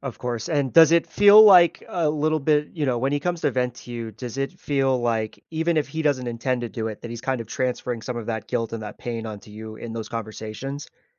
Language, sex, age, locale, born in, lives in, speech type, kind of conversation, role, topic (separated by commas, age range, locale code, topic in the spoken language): English, male, 30-34, en-US, United States, United States, conversation, advice, advisor, How can I help a grieving friend?